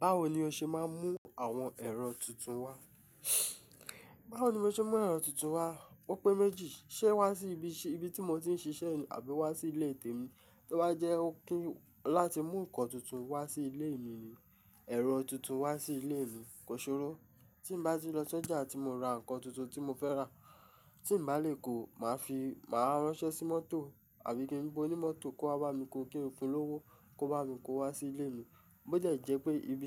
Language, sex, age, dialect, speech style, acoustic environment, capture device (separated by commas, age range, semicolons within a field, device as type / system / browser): Yoruba, male, 25-34, Nigeria - Ijebu, relaxed, music, mobile / Linux / Chrome